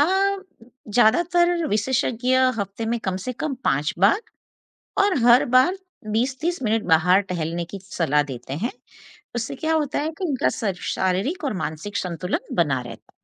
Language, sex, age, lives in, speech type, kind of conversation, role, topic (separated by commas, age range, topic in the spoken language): Hindi, female, 50-54, United States, read, expert-assistant, expert, अगर आपके पास सीमित समय और संसाधन हों, तो पालतू जानवरों की देखभाल में किन बातों को प्राथमिकता देनी चाहिए: पोषण, व्यायाम, या मानसिक उत्तेजना?